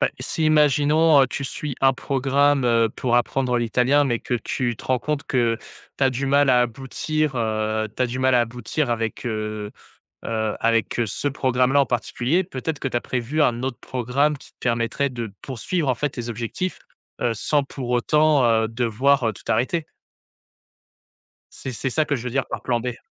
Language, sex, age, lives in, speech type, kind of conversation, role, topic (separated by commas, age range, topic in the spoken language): French, male, 20-24, France, conversation, podcast, host, Comment organises-tu ton temps pour apprendre en autonomie ?